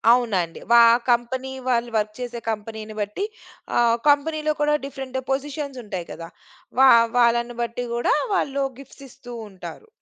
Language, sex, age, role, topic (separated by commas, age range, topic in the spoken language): Telugu, female, 35-39, guest, పండుగల సమయంలో మీ ఇంట్లో వంటల ప్రాధాన్యం ఎలా ఉంటుంది?